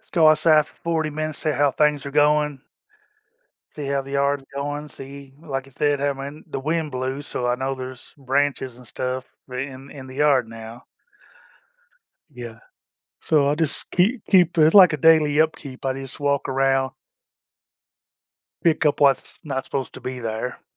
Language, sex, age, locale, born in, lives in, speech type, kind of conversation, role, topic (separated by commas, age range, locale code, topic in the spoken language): English, male, 50-54, en-US, United States, United States, conversation, advice, user, How can I notice and enjoy small joyful moments daily?